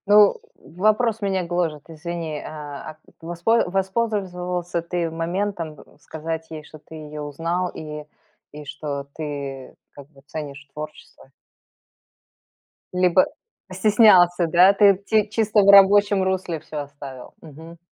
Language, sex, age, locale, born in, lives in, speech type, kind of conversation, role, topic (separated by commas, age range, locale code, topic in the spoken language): Russian, female, 45-49, ru-RU, Belarus, United States, conversation, podcast, host, Какая песня ассоциируется у тебя с первой любовью?